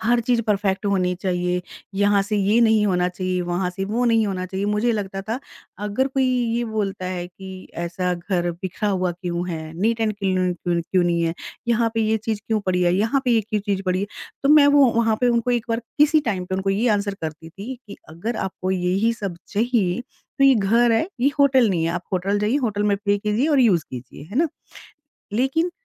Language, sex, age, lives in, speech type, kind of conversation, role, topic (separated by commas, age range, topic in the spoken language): Hindi, female, 45-49, India, conversation, podcast, guest, Tum apni aesthetic ko kaise define karte ho?